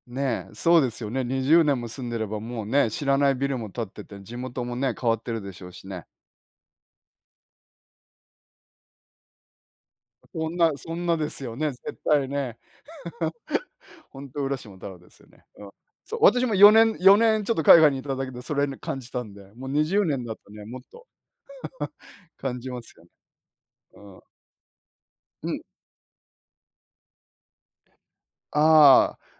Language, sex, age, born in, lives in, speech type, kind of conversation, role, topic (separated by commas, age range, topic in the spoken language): Japanese, male, 50-54, Japan, Japan, conversation, unstructured, none, 新しいことに挑戦するとき、どんな気持ちになりますか？